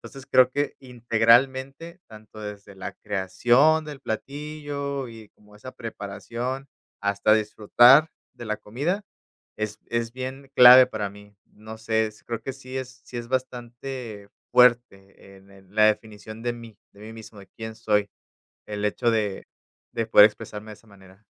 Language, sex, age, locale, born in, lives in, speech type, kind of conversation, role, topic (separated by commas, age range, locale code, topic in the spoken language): Spanish, male, 30-34, es-MX, Mexico, Mexico, conversation, podcast, guest, ¿Qué papel juega la comida en tu identidad?